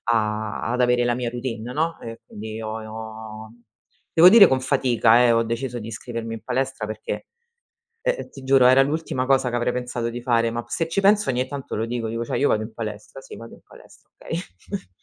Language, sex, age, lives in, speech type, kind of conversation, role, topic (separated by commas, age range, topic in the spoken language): Italian, female, 35-39, Italy, conversation, podcast, guest, Quando hai imparato a prenderti cura di te e com'è successo?